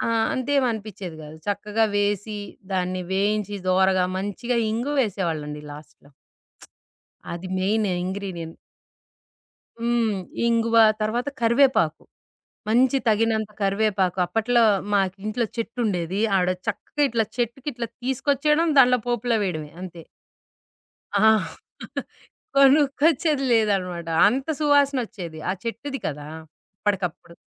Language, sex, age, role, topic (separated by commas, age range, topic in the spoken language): Telugu, female, 40-44, guest, అమ్మ వంటలో మీకు ఎప్పటికీ గుర్తుండిపోయే ప్రత్యేకత ఏమిటి?